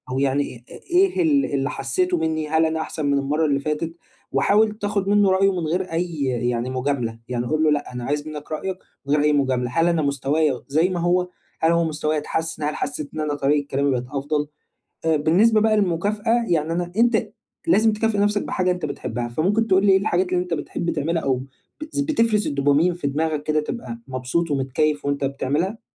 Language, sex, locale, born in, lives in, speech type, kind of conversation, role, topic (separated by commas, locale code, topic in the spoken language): Arabic, male, ar-EG, Egypt, Egypt, conversation, advice, advisor, إزاي ألاحظ وأحتفل بالتقدّم الصغير في حياتي اليومية؟